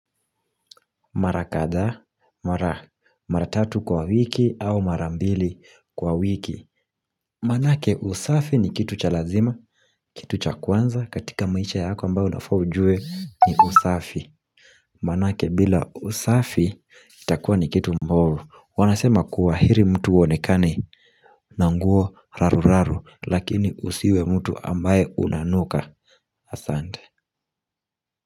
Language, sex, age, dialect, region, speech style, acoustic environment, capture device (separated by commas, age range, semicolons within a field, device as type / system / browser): Swahili, male, 18-24, Kenya - Mombasa, Kenya, relaxed, silence, mobile / Linux / Chrome